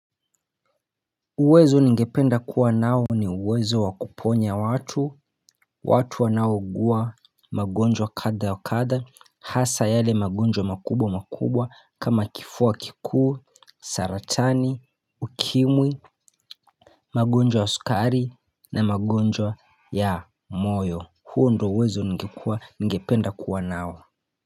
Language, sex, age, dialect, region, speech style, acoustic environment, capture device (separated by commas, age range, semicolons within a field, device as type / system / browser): Swahili, male, 25-34, Kenya - Mombasa, Kenya, happy, silence; nature, mobile / Linux / Chrome